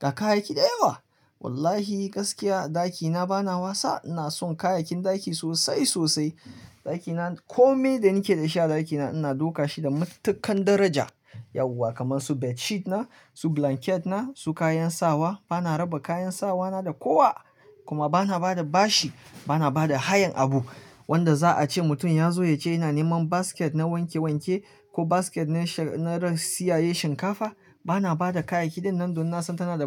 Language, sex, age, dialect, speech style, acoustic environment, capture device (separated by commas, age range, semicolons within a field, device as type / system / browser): Hausa, male, 25-34, Nigeria - Kano, relaxed, silence, mobile / Linux / Chrome